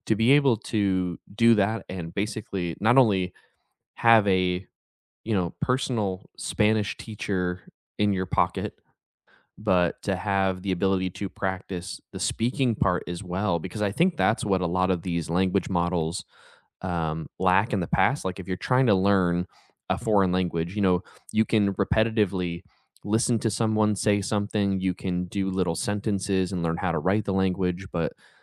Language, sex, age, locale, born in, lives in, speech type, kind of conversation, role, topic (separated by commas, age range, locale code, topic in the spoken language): English, male, 30-34, en-US, United States, United States, conversation, unstructured, none, What sparks your next learning adventure, and how do you decide where to dive in?